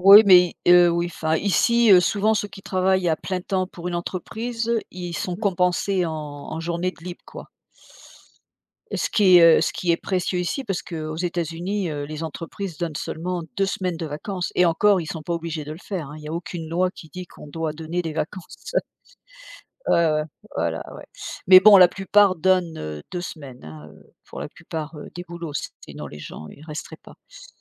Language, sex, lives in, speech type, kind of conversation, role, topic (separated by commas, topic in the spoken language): French, female, United States, conversation, unstructured, none, Préféreriez-vous avoir un emploi que vous adorez mais peu rémunéré, ou un emploi que vous détestez mais très bien rémunéré ?